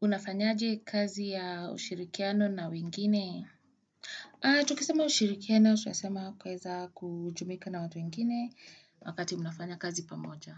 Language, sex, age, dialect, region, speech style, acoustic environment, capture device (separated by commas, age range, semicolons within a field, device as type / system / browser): Swahili, female, 25-34, Kenya - Nairobi Sheng-influenced, Kenya, anxious, people talking; nature, desktop / Windows / Chrome